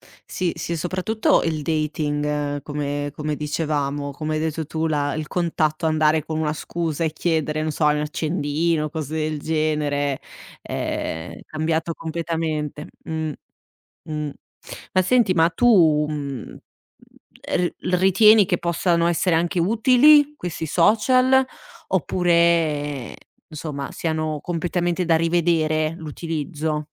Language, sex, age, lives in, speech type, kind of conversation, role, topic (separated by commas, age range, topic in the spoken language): Italian, female, 60-64, Italy, conversation, podcast, host, Come usare i social senza perdere il contatto umano?